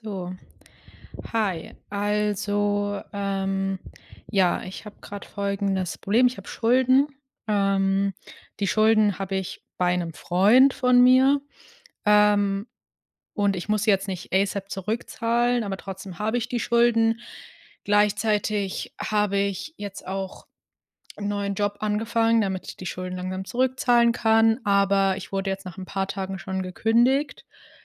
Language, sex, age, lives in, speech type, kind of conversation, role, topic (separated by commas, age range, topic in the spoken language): German, female, 25-29, Germany, conversation, advice, user, Wie kannst du deine Finanzen neu ordnen, Schulden abbauen und einen Sparplan erstellen?